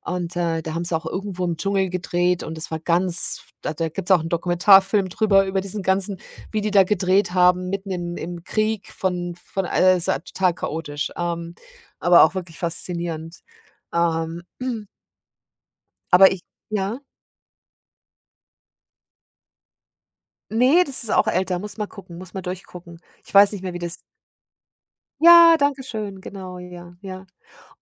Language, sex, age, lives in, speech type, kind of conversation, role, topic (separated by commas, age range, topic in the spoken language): German, female, 50-54, Germany, conversation, unstructured, none, Wie beeinflussen Filme unser Weltbild?